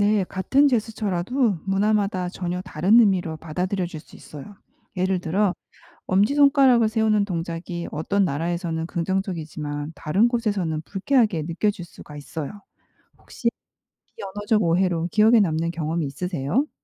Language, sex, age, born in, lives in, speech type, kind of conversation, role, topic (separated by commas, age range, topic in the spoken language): Korean, female, 45-49, South Korea, United States, read, expert-assistant, expert, 같은 행동도 어떤 문화에서는 당연하고, 다른 문화에서는 이상하게 여겨지는 이유는 뭘까요?